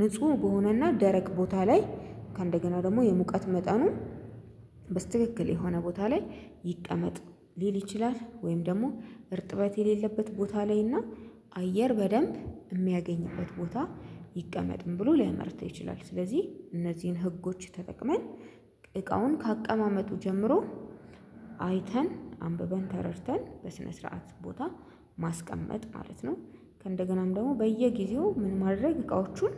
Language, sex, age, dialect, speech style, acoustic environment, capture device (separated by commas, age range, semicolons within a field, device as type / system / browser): Amharic, female, 25-34, Ethiopia - Addis Ababa, happy, silence; construction, mobile / Linux / Chrome